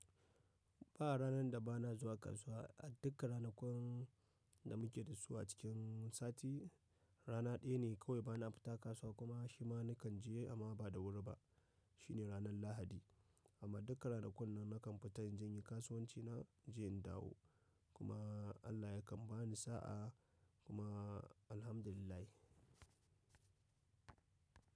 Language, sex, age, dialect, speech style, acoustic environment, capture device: Hausa, male, 25-34, Nigeria - Nigerian Standard, happy; excited; focused, people talking; nature, mobile / macOS / Safari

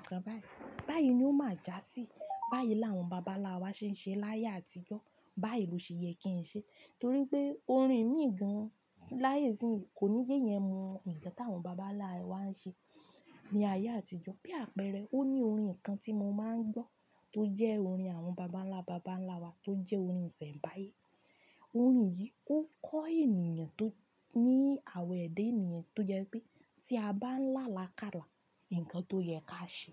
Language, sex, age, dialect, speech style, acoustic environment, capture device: Yoruba, female, 25-34, Nigeria - Ibadan, happy, music, desktop / Linux / Chrome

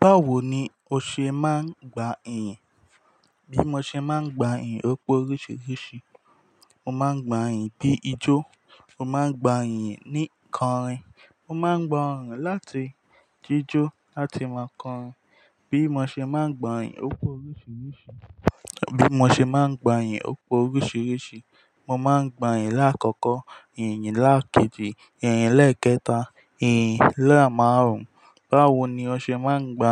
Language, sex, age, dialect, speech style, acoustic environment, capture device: Yoruba, male, 18-24, Nigeria - Ijebu, focused; happy, silence, mobile / Linux / Chrome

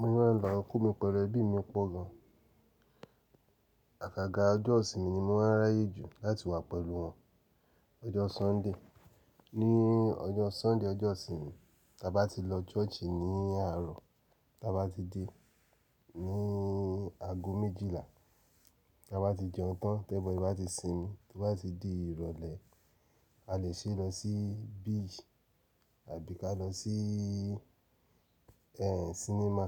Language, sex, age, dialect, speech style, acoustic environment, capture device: Yoruba, male, 35-44, Nigeria - Nigerian Standard, relaxed, silence, mobile / Linux / Chrome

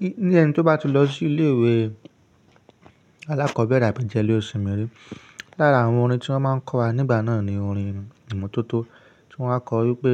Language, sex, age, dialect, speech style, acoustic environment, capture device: Yoruba, male, 25-34, Nigeria - Ibadan, stressed, nature; silence, mobile / Linux / Chrome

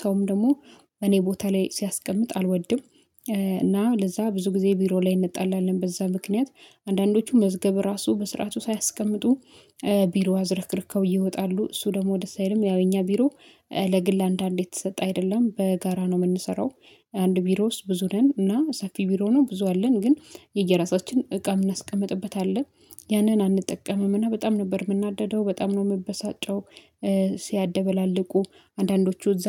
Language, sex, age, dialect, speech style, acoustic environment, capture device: Amharic, female, 25-34, Ethiopia - Addis Ababa, happy; excited, silence, mobile / Linux / Chrome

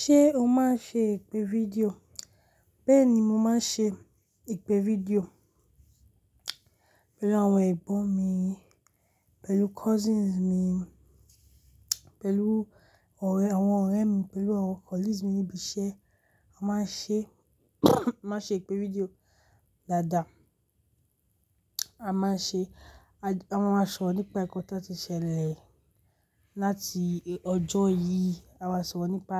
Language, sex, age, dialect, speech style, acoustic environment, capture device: Yoruba, female, 35-44, Nigeria - Lagos Yoruba, excited; relaxed, nature, mobile / Linux / Chrome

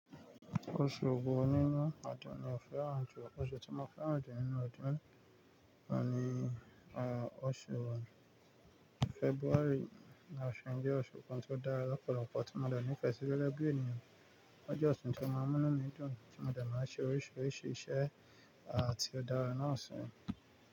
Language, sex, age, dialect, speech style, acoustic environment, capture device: Yoruba, male, 25-34, Nigeria - Nigerian Standard, relaxed, music, mobile / Linux / Chrome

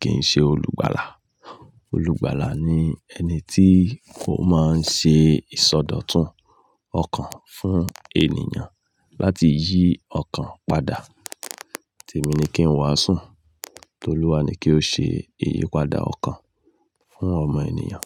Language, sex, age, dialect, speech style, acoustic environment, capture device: Yoruba, male, 35-44, Nigeria - Ibadan, happy, people talking, mobile / Linux / Chrome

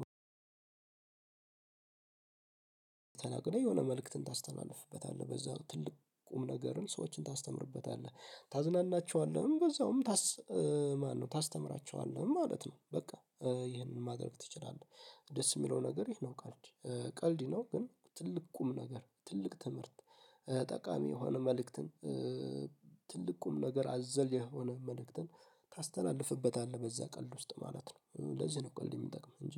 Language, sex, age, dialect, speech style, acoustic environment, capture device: Amharic, male, 18-24, Ethiopia - Addis Ababa, focused; happy, nature; silence, mobile / Linux / Chrome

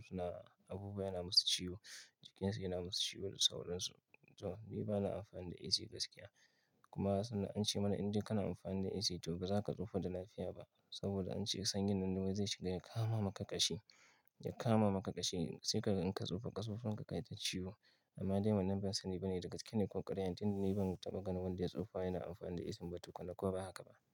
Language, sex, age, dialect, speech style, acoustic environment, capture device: Hausa, male, 25-34, Nigeria - Kano, focused; relaxed, silence, mobile / Linux / Chrome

